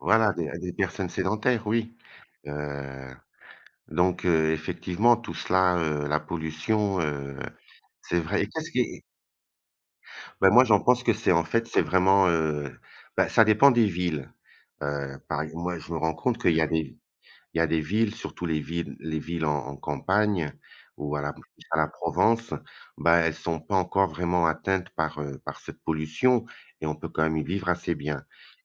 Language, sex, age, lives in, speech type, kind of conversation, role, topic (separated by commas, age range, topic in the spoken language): French, male, 55-59, Portugal, conversation, unstructured, none, Que pensez-vous de la pollution dans nos villes aujourd’hui ?